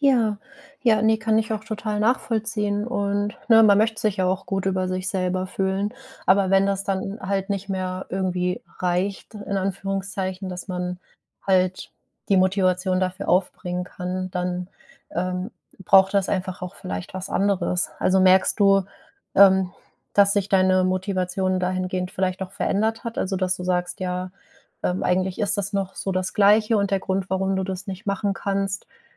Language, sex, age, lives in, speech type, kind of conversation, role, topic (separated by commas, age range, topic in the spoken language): German, female, 25-29, Germany, conversation, advice, advisor, Wie bleibe ich motiviert, mich jeden Tag zu bewegen?